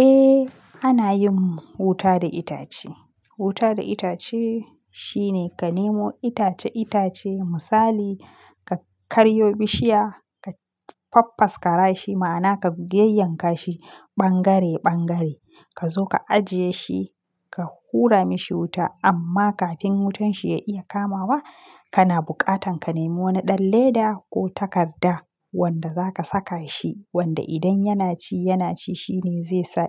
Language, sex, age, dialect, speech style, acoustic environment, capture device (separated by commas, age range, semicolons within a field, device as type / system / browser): Hausa, female, 25-34, Nigeria - Kano, happy, silence, mobile / Linux / Chrome